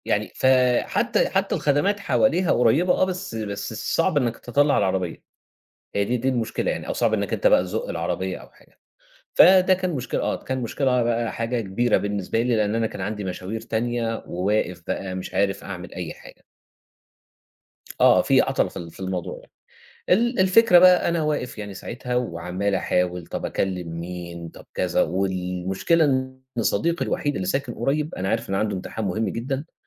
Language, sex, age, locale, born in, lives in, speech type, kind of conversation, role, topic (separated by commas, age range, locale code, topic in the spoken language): Arabic, male, 30-34, ar-EG, Egypt, Egypt, conversation, podcast, guest, احكيلنا عن موقف صعب حد أنقذك فيه أو ساعدك تخرج منه؟